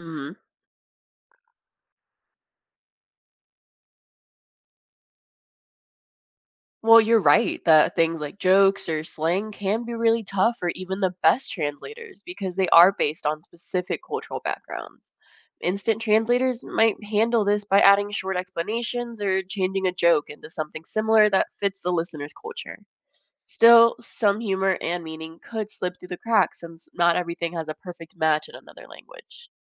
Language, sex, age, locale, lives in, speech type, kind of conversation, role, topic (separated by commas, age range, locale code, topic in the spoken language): English, female, 20-24, en-US, United States, read, expert-assistant, expert, What if we could invent a method to instantly translate any language?